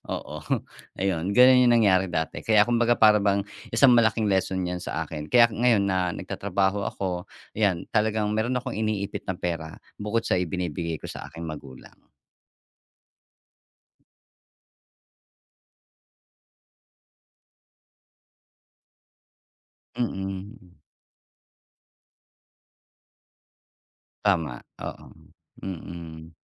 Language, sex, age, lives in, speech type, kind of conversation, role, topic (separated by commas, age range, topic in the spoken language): Filipino, male, 45-49, Philippines, conversation, podcast, guest, Paano ninyo pinag-uusapan ang pera sa tahanan?